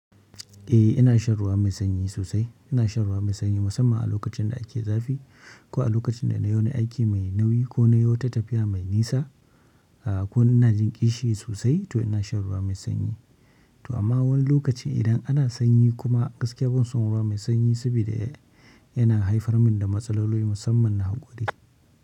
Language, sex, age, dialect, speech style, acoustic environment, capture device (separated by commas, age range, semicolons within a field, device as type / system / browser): Hausa, male, 25-34, Nigeria - Kano, focused, nature, mobile / Linux / Chrome